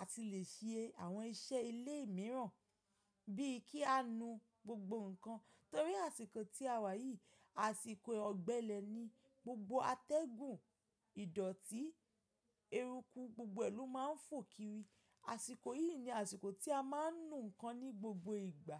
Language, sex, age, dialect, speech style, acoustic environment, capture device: Yoruba, female, 25-34, Nigeria - Ibadan, relaxed; focused, nature; appliances; silence, mobile / macOS / Safari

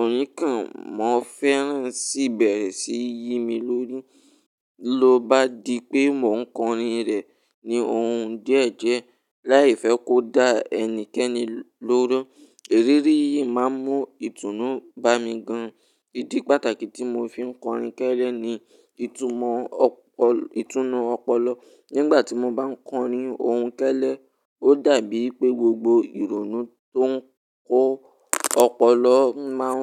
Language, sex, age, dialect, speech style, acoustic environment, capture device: Yoruba, male, 18-24, Nigeria - Ibadan, relaxed, silence, mobile / Linux / Chrome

